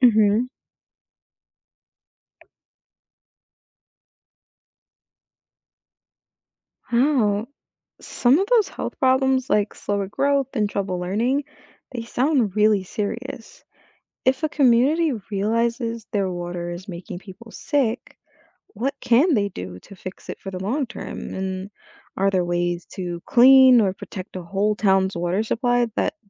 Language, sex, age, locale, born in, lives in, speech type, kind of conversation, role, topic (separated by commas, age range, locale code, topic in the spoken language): English, female, 25-29, en-US, United States, United States, read, expert-assistant, asker, Why is clean water important for human health?